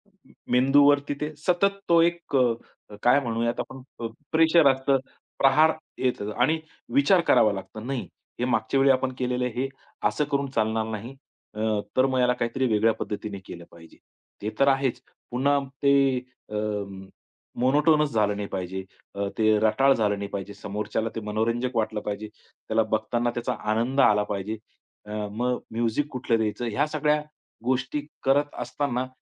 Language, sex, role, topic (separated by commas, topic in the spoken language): Marathi, male, guest, सर्जनशीलतेचा अडथळा आला की तुम्ही काय करता?